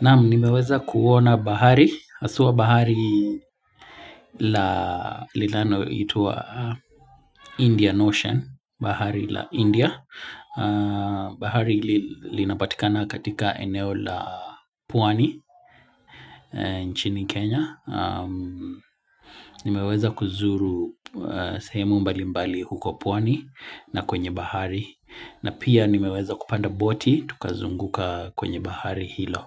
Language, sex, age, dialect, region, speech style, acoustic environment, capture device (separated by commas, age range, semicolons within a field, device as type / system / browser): Swahili, male, 25-34, Kenya - Mombasa, Kenya, focused, nature, mobile / Linux / Chrome